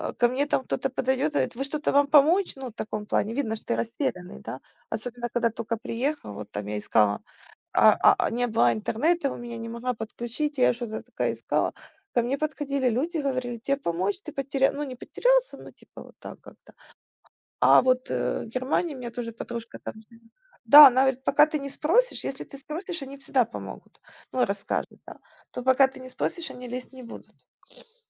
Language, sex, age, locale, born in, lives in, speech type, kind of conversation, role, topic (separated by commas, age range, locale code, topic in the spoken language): Russian, female, 35-39, ru-UA, Ukraine, Spain, conversation, unstructured, none, Как местная культура и кухня влияют на ваш выбор курорта?